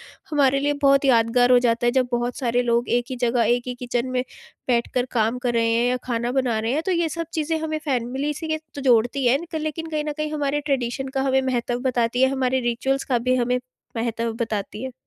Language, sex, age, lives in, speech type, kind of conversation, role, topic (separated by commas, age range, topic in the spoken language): Hindi, female, 18-19, India, conversation, podcast, guest, Festival ke khane mein apnapan aur parampara kaise milte hain?